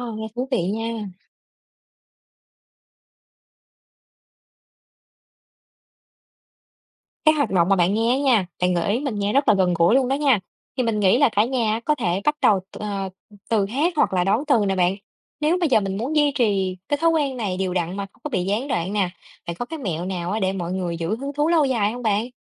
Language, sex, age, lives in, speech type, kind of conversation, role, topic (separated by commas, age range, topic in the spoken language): Vietnamese, female, 30-34, Vietnam, read, expert-assistant, asker, Nếu muốn duy trì tiếng của dân tộc mình trong gia đình, nên bắt đầu từ đâu và học như thế nào cho tự nhiên?